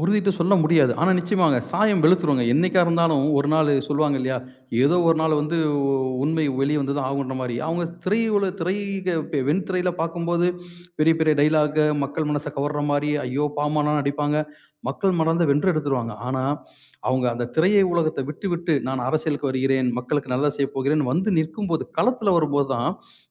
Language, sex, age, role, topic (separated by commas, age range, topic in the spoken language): Tamil, male, 40-44, guest, பிரபலமான நடிகர்கள் சமூக வலைதளங்களில் அதிகமாக செயல்படுவது ரசிகர்களை எவ்வாறு பாதிக்கிறது?